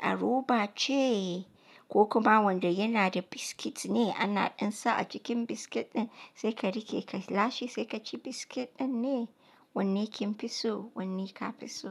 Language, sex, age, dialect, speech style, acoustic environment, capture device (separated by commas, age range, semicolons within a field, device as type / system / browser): Hausa, female, 25-34, Nigeria - Katsina, relaxed, nature, mobile / Linux / Chrome